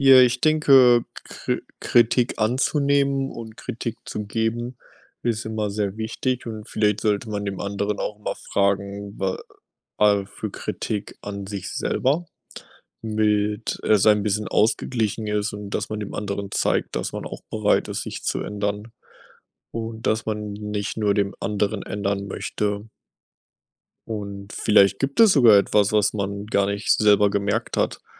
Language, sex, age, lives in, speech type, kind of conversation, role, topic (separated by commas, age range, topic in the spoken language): German, male, 25-29, United States, conversation, unstructured, none, Wie wichtig ist Kommunikation in einer Partnerschaft?